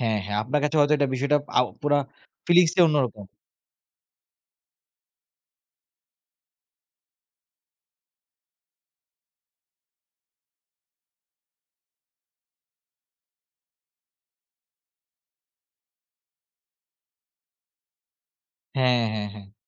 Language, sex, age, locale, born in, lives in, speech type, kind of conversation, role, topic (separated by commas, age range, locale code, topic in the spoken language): Bengali, male, 20-24, bn-BD, Bangladesh, Bangladesh, conversation, podcast, host, নদীর ধারে পিকনিক করতে আপনার কেমন লাগে?